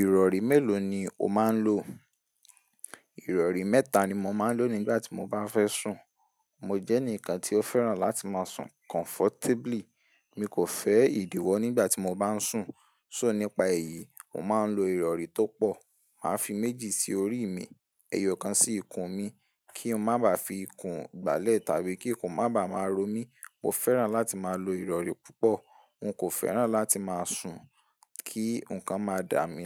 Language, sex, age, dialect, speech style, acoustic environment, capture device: Yoruba, male, 18-24, Nigeria - Ibadan, focused; relaxed, silence, mobile / Linux / Chrome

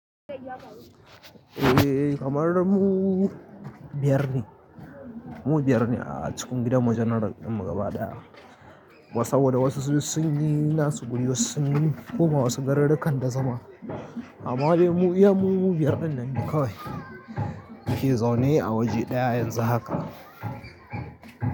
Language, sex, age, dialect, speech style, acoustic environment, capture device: Hausa, male, 25-34, Nigeria - Kano, anxious, nature, mobile / Linux / Chrome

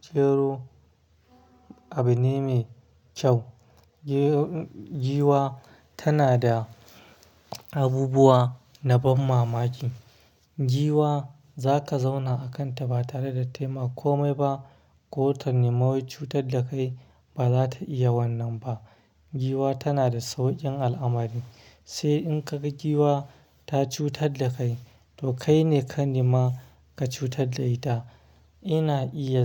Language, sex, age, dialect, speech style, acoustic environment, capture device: Hausa, male, 25-34, Nigeria - Kano, happy; stressed, silence; traffic, mobile / Linux / Chrome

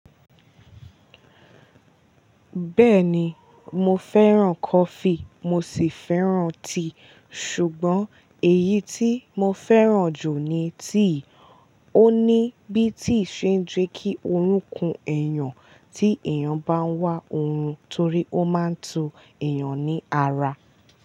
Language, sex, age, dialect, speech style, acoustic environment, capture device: Yoruba, female, 18-24, Nigeria - Ibadan, happy, nature, mobile / Linux / Chrome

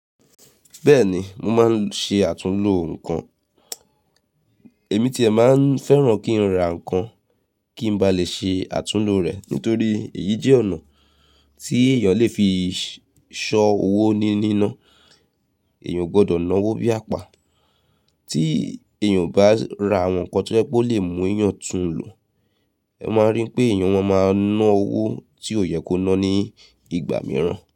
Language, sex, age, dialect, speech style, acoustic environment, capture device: Yoruba, female, 35-44, Nigeria - Nigerian Standard, focused, nature; silence, mobile / Linux / Chrome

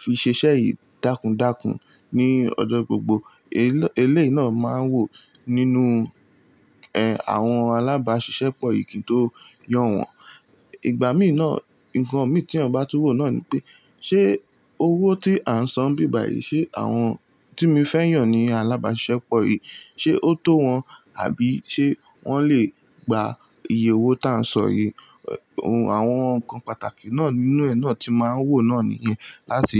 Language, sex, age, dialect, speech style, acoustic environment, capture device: Yoruba, male, 18-24, Nigeria - Lagos Yoruba, relaxed, appliances, mobile / Linux / Chrome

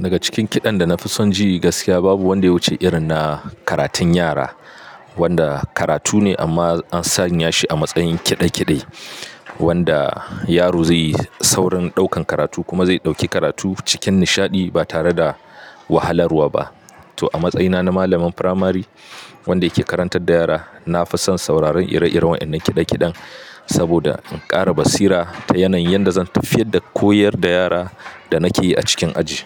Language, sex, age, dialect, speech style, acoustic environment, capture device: Hausa, male, 25-34, Nigeria - Katsina, happy, people talking, mobile / Linux / Chrome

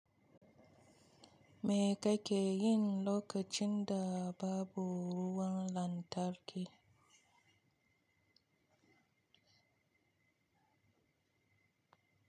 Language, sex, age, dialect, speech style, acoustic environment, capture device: Hausa, female, 25-34, Nigeria - Kano, nervous; happy; anxious; excited; focused; stressed; tired; relaxed, traffic; construction; nature; people talking; music; silence; appliances, mobile / Linux / Chrome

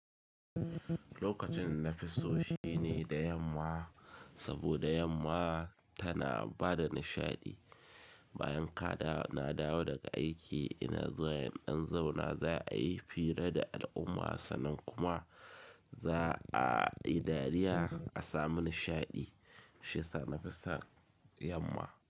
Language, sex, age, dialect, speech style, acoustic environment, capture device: Hausa, male, 25-34, Nigeria - Kano, happy, silence, mobile / Linux / Chrome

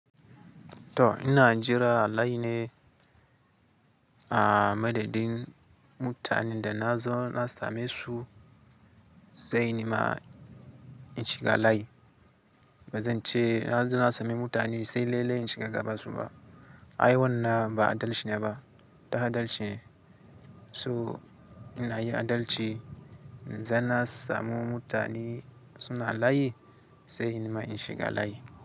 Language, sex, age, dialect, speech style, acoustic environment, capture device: Hausa, male, 18-24, Nigeria - Katsina, excited, nature, mobile / Linux / Chrome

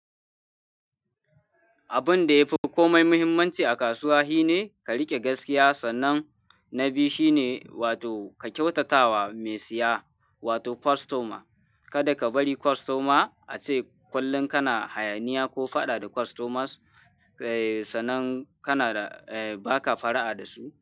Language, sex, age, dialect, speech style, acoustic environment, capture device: Hausa, male, 18-24, Nigeria - Kano, happy, people talking, mobile / Linux / Chrome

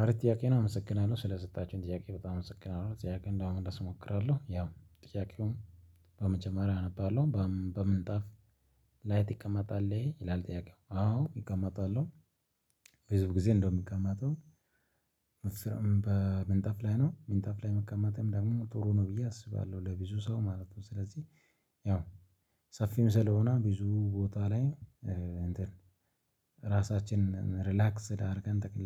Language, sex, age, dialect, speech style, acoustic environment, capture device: Amharic, male, 25-34, Ethiopia - Addis Ababa, excited, silence, mobile / Linux / Chrome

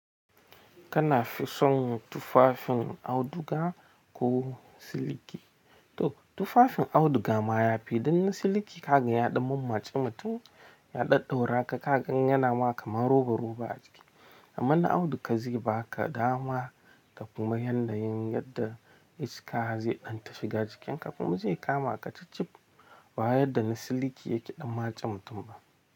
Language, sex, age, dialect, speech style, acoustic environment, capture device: Hausa, male, 25-34, Nigeria - Nigerian Standard, happy; tired; relaxed, people talking; nature; silence, mobile / Linux / Chrome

